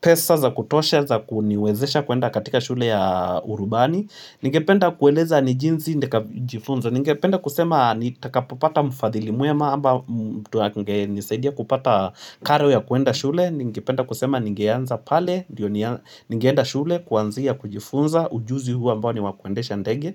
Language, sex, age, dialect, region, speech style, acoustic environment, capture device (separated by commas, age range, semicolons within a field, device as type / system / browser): Swahili, male, 25-34, Kenya - Mombasa, Kenya, happy, music, mobile / Linux / Chrome